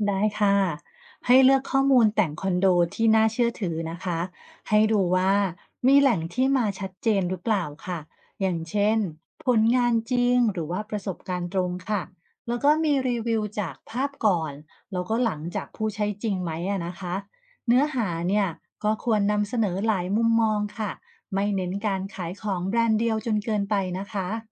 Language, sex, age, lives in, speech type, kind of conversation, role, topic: Thai, female, 45-49, Thailand, read, expert-assistant, expert, มีวิธีเลือกข้อมูลเรื่องแต่งบ้านอย่างไรให้เหมาะกับตัวเองและเชื่อถือได้บ้าง?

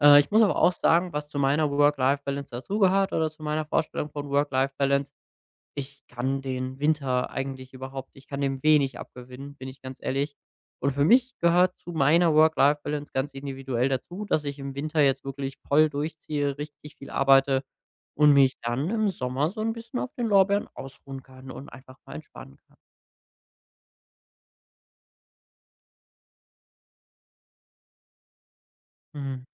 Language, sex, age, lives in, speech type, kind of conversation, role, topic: German, male, 25-29, Germany, conversation, podcast, guest, Was sind deine besten Tipps für eine gute Work-Life-Balance im Alltag?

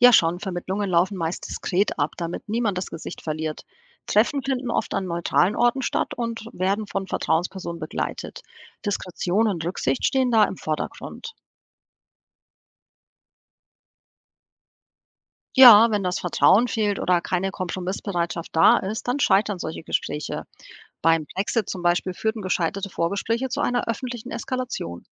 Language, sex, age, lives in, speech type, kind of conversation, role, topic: German, female, 40-44, Portugal, read, expert-assistant, expert, Wie könnte man die Beziehung zwischen zwei Nachbarländern mit einer typischen Freundschaft im Alltag vergleichen?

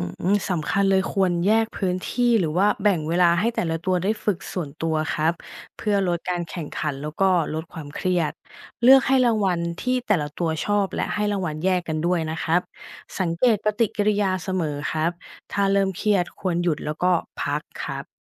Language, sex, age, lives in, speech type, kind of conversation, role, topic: Thai, female, 35-39, Thailand, read, expert-assistant, expert, มีแนวทางไหนบ้างที่ช่วยให้การฝึกสัตว์เลี้ยงสนุกและได้ผลมากขึ้น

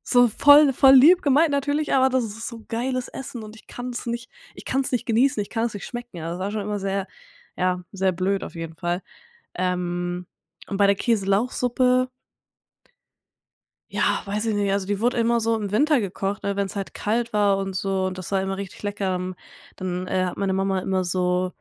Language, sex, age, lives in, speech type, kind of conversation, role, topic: German, female, 20-24, Germany, conversation, podcast, guest, Erzähl mal, welches Gericht fühlt sich für dich wie Heimat an?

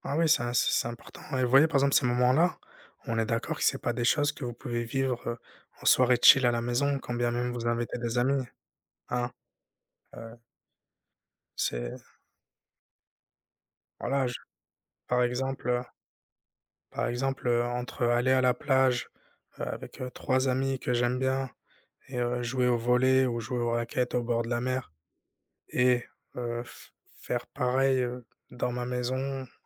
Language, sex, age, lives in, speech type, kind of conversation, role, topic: French, male, 30-34, France, conversation, unstructured, none, Comment choisissez-vous entre passer une soirée tranquille à la maison et sortir avec des amis ?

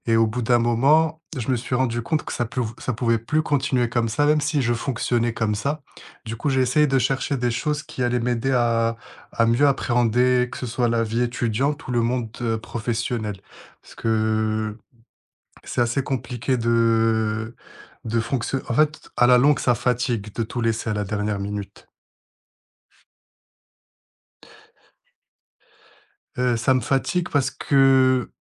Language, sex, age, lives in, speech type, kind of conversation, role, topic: French, male, 25-29, France, conversation, podcast, guest, Quelles stratégies utilises-tu pour éviter la procrastination ?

